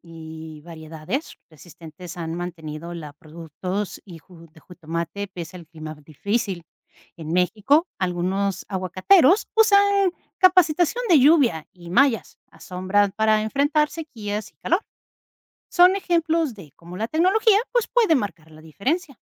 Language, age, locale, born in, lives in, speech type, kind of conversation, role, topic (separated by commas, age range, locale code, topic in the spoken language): Spanish, 50-54, es-MX, Mexico, Portugal, read, expert-assistant, expert, ¿Cómo afecta el cambio climático a la producción de jitomate y aguacate?